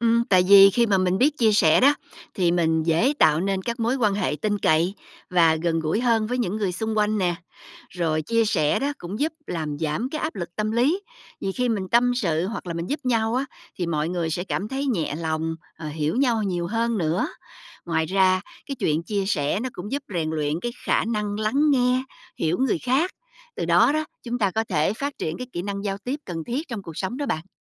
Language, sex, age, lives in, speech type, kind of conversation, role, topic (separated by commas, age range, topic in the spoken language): Vietnamese, female, 45-49, United States, read, expert-assistant, expert, Tại sao nên học cách chia sẻ với người khác